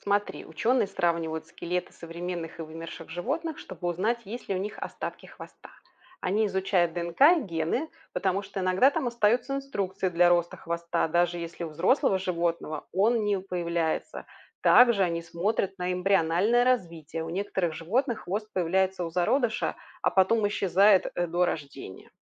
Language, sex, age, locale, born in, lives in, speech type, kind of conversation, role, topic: Russian, female, 40-44, ru-RU, Belarus, Spain, read, expert-assistant, expert, Почему у некоторых животных есть хвост?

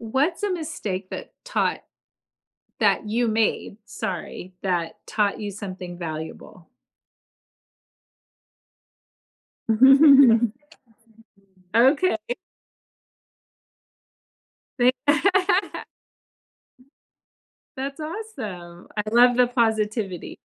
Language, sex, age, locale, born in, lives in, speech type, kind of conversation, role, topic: English, female, 45-49, en-US, United States, United States, conversation, podcast, host, How have your biggest mistakes helped you grow or change your perspective?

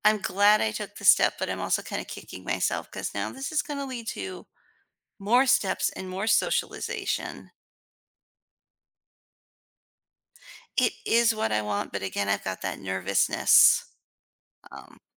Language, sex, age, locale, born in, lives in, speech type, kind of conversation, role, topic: English, female, 40-44, en-US, United States, United States, conversation, advice, user, How can I celebrate a recent achievement and build confidence from it?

